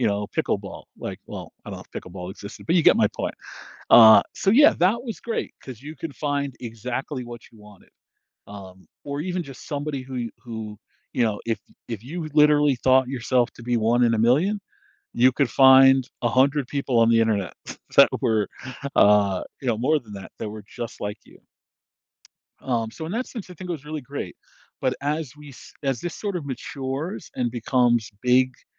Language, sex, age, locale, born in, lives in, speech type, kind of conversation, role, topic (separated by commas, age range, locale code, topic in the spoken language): English, male, 40-44, en-US, United States, United States, conversation, podcast, guest, How has social media changed the way we build and maintain friendships?